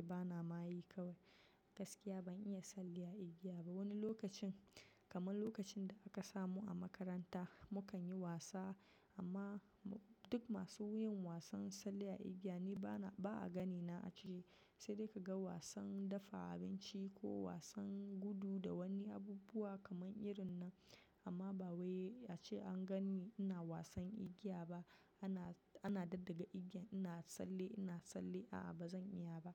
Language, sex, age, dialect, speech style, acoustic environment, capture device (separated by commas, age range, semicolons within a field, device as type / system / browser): Hausa, female, 25-34, Nigeria - Nigerian Standard, relaxed; focused, silence, mobile / macOS / Safari